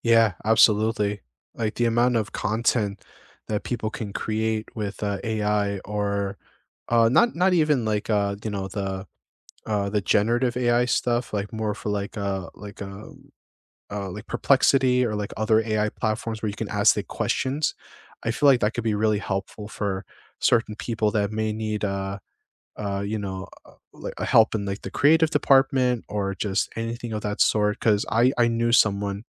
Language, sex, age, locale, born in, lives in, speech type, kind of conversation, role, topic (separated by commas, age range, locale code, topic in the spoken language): English, male, 25-29, en-US, United States, United States, conversation, unstructured, none, What’s a hobby that has brought unexpected joy to your life?